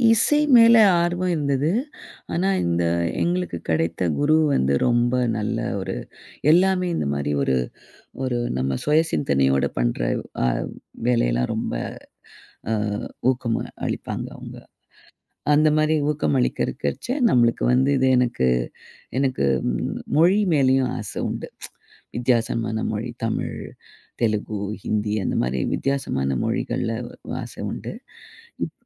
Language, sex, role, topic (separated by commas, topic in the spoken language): Tamil, female, guest, இந்த ஆர்வத்தைத் தொடங்க உதவும் மூன்று எளிய குறிப்புகளைப் பகிர முடியுமா?